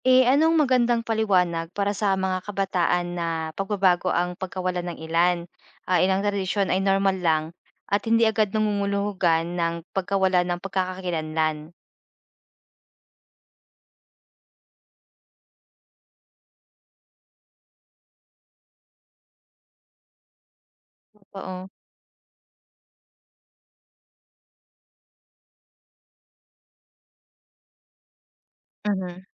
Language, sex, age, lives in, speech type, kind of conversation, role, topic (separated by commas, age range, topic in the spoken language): Filipino, female, 25-29, Philippines, read, expert-assistant, asker, Mas napapalapit ba tayo sa ibang kultura dahil sa globalisasyon, o mas napapalayo tayo sa sariling tradisyon?